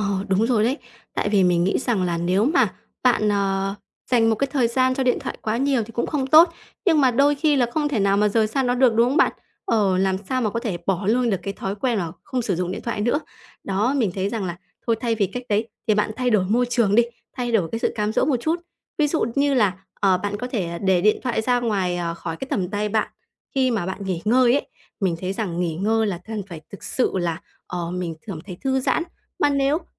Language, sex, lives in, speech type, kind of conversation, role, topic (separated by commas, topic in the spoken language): Vietnamese, female, Vietnam, conversation, advice, advisor, Làm sao để không bị các thiết bị số làm phân tâm khi thư giãn?